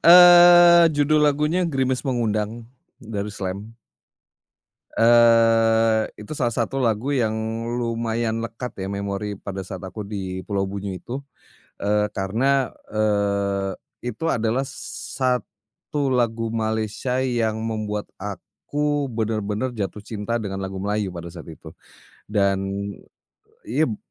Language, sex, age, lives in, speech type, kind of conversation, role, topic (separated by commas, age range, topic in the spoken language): Indonesian, male, 40-44, Indonesia, conversation, podcast, guest, Apakah pindah kota atau negara mengubah selera musikmu?